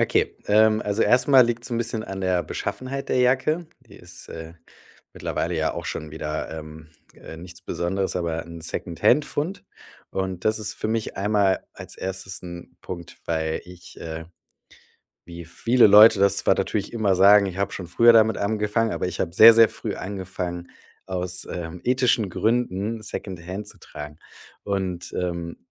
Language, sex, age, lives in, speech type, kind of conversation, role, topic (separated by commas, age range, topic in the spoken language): German, male, 35-39, Germany, conversation, podcast, guest, Gibt es ein Kleidungsstück, das deine Persönlichkeit widerspiegelt?